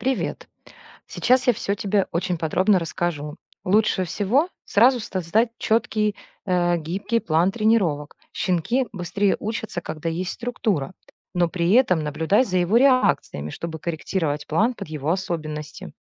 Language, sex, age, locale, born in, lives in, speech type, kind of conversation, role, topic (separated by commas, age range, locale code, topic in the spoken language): Russian, female, 40-44, ru-RU, Ukraine, Spain, read, expert-assistant, expert, Как спланировать тренировку питомца, чтобы быстрее увидеть первые результаты?